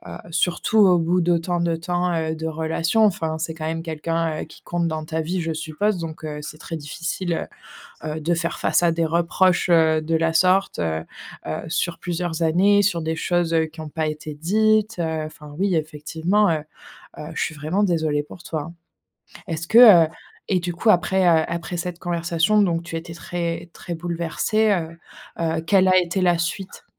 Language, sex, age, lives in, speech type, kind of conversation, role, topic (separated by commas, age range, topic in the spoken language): French, female, 25-29, France, conversation, advice, advisor, Comment puis-je réparer la confiance après avoir blessé quelqu’un et présenter des excuses sincères ?